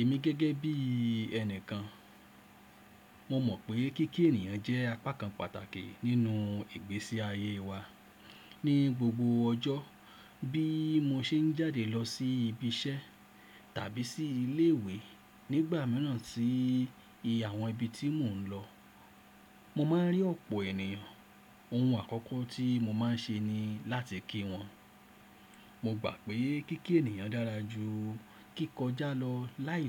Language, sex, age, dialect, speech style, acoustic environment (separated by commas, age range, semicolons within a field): Yoruba, male, 35-44, Nigeria - Lagos Yoruba, happy; excited; relaxed, silence